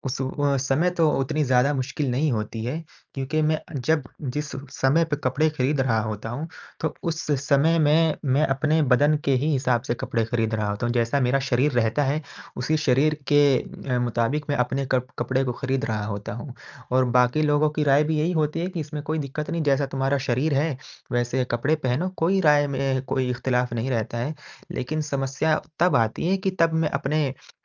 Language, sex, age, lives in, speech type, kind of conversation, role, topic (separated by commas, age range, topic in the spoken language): Hindi, male, 20-24, India, conversation, advice, user, जब कपड़ों का फिट समझना मुश्किल लगे, तो सही आकार कैसे चुनूं?